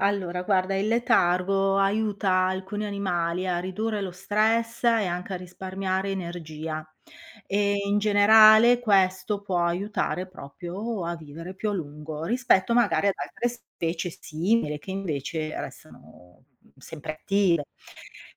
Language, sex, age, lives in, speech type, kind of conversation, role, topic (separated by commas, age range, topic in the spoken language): Italian, female, 55-59, Italy, read, expert-assistant, expert, Perché alcuni animali vanno in letargo?